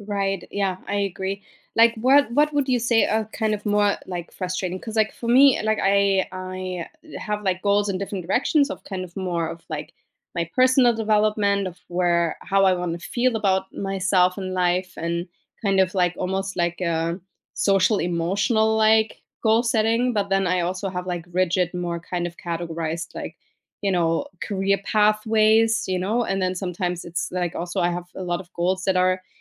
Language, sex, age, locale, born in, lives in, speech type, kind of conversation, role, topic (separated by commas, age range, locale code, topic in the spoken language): English, female, 35-39, en-DE, Germany, United States, conversation, unstructured, none, What is one goal-setting challenge that makes you angry?